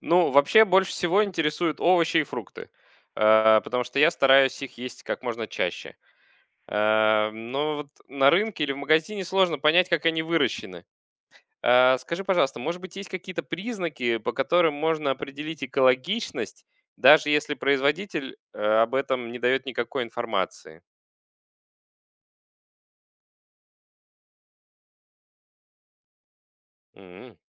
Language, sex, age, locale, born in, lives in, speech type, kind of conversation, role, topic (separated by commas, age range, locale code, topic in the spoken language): Russian, male, 35-39, ru-UA, Russia, Germany, read, expert-assistant, asker, Как узнать больше о современных способах производства еды и почему это важно?